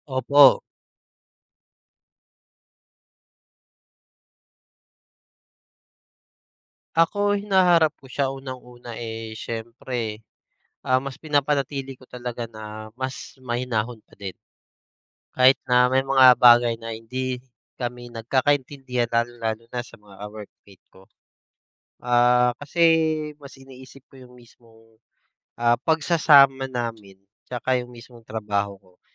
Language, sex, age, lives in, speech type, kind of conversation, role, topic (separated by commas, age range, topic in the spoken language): Filipino, male, 30-34, Philippines, conversation, unstructured, none, Paano mo hinaharap ang hindi pagkakaunawaan sa trabaho?